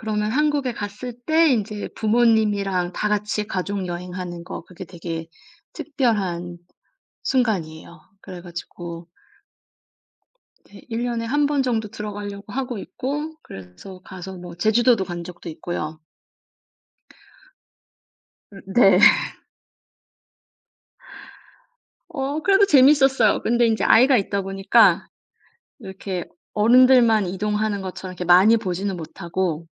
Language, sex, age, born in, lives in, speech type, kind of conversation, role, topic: Korean, female, 35-39, South Korea, Germany, conversation, unstructured, none, 미래에 가족과 어떤 시간을 보내고 싶으신가요?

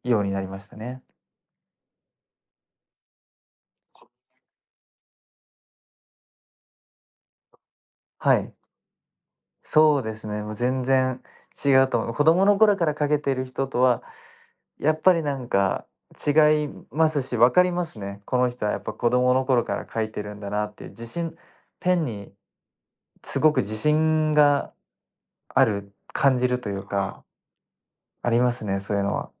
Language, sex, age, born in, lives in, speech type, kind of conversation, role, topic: Japanese, male, 20-24, Japan, Japan, conversation, podcast, guest, 自分らしい表現はどうやって見つけましたか？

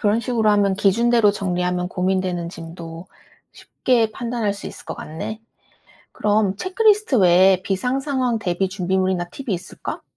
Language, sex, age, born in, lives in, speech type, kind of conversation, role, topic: Korean, female, 30-34, South Korea, United States, read, expert-assistant, asker, 여행 계획을 더 효율적으로 세우려면 어떤 습관이나 방법이 도움이 될까요?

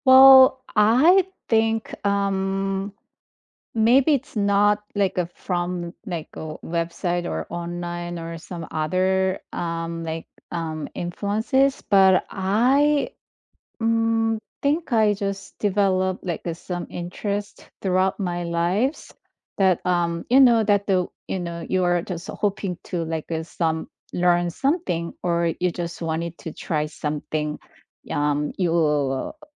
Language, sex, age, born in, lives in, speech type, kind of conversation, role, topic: English, female, 50-54, South Korea, United States, conversation, unstructured, none, What makes someone give up on a hobby they once loved?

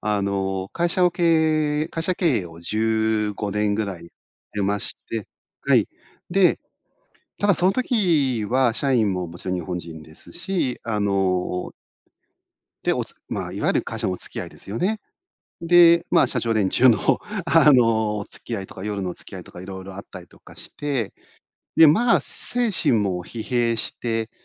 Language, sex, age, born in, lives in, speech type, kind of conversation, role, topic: Japanese, male, 50-54, Japan, Japan, conversation, podcast, guest, 仕事で一番やりがいを感じるのは、どんな瞬間ですか？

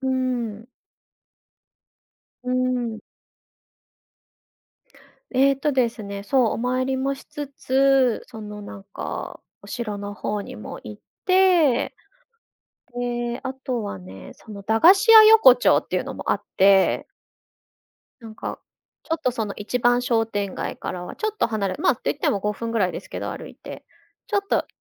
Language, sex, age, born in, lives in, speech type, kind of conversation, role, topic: Japanese, female, 35-39, Japan, Japan, conversation, podcast, guest, 旅先で食べて感動した料理は何ですか？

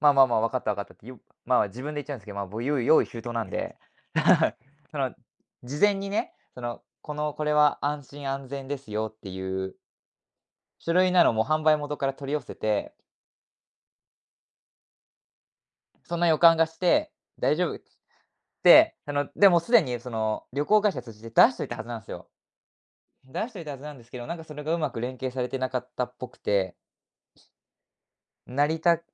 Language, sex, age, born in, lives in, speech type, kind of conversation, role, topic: Japanese, male, 20-24, Japan, Japan, conversation, podcast, guest, 旅行中に起きた面白いハプニングを教えてくれますか？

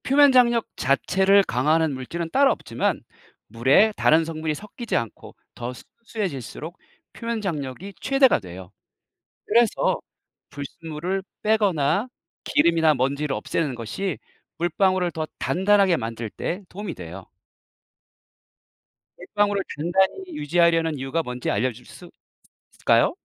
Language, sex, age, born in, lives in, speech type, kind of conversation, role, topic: Korean, male, 50-54, South Korea, South Korea, read, expert-assistant, expert, 물방울은 왜 둥근 모양일까요?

